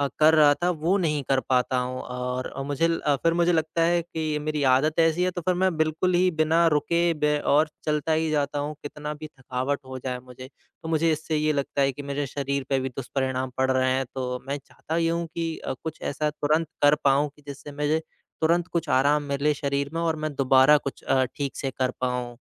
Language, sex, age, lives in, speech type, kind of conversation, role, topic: Hindi, male, 25-29, India, conversation, advice, user, मैं अपने शरीर को जल्दी और आसानी से कैसे आराम दे सकता हूँ?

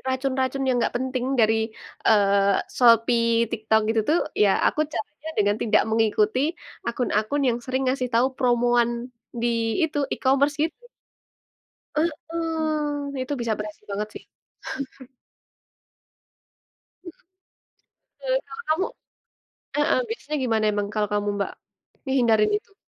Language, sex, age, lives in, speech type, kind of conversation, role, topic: Indonesian, female, 20-24, Indonesia, conversation, unstructured, none, Apa tantangan terbesar yang kamu hadapi dalam mengelola keuangan pribadi?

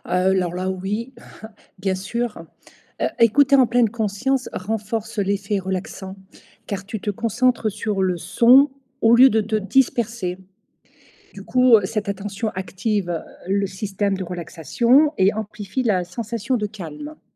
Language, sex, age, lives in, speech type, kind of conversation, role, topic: French, female, 55-59, Portugal, read, expert-assistant, expert, Peut-on s'inspirer de rituels spirituels pour se sentir mieux au quotidien sans être croyant ?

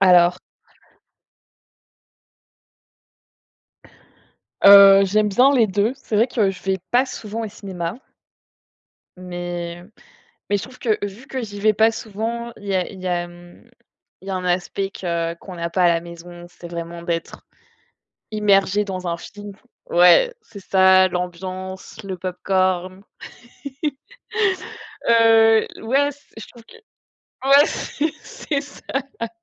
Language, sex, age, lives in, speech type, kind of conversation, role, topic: French, female, 25-29, France, conversation, unstructured, none, Préférez-vous aller au cinéma pour voir un film ou regarder une série à la maison ?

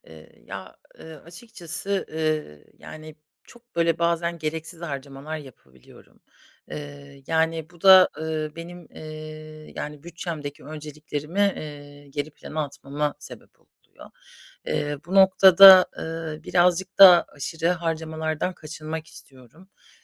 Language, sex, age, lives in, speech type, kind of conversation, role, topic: Turkish, female, 30-34, Germany, conversation, advice, user, Bütçe önceliklerimi nasıl koruyabilir ve aşırı harcamadan nasıl kaçınabilirim?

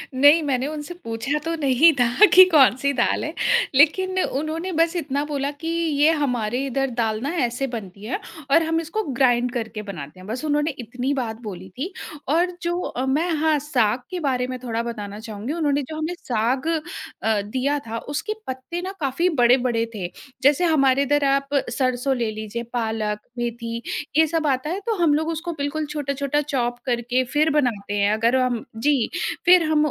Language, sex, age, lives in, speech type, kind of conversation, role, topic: Hindi, female, 30-34, India, conversation, podcast, guest, किस स्थानीय रसोई ने तुम्हें घर जैसा स्वाद दिया?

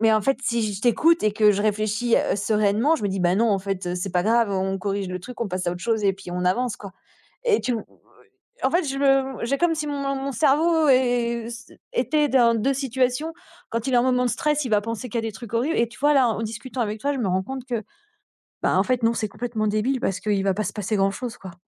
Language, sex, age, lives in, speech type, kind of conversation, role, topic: French, female, 45-49, France, conversation, advice, user, Comment mieux gérer mon trac et mon stress avant de parler en public ?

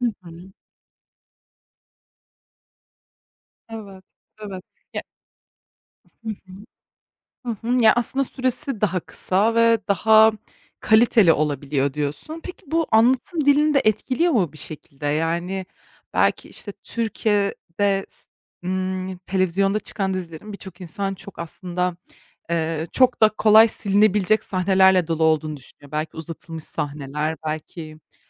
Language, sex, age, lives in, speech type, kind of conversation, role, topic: Turkish, female, 25-29, Germany, conversation, podcast, host, Bölümleri arka arkaya izleme alışkanlığı dizilerde sence neleri değiştirdi?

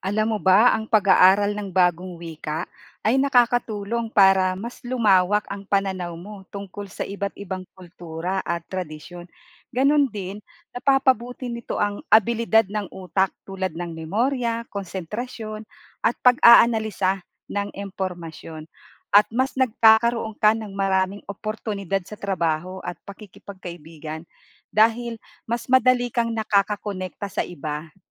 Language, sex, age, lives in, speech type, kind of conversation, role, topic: Filipino, female, 45-49, Philippines, read, expert-assistant, expert, Ano ang mga benepisyo ng pag-aaral ng bagong wika?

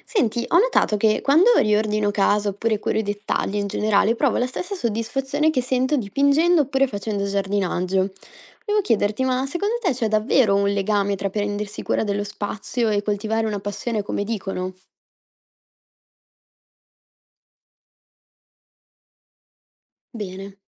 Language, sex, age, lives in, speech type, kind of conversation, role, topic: Italian, female, 20-24, Italy, read, expert-assistant, asker, Sai fare un paragone tra prendersi cura del proprio spazio domestico e coltivare una passione?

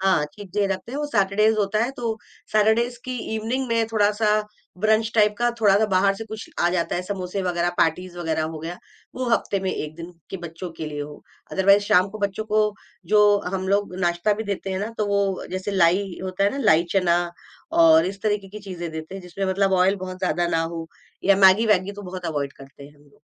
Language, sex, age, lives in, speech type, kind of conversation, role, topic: Hindi, female, 45-49, India, conversation, podcast, guest, Self-care ko priority kaise banaya jaa sakta hai, tum kya recommend karoge?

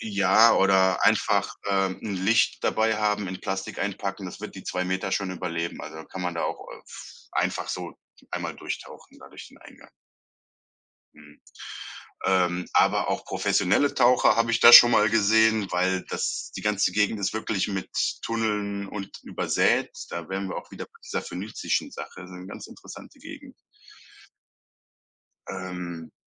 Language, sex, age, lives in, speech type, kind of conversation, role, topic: German, male, 35-39, Germany, conversation, podcast, guest, Welche versteckten Orte sollten Besucher unbedingt einmal sehen?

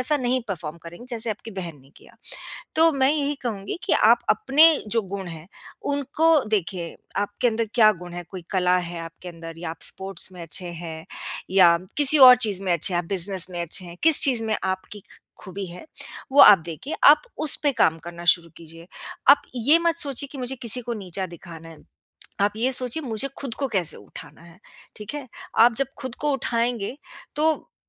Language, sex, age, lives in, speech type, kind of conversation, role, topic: Hindi, female, 50-54, United States, conversation, advice, advisor, हम भाई-बहन की लगातार होने वाली एक-दूसरे से तुलना से कैसे निपटें?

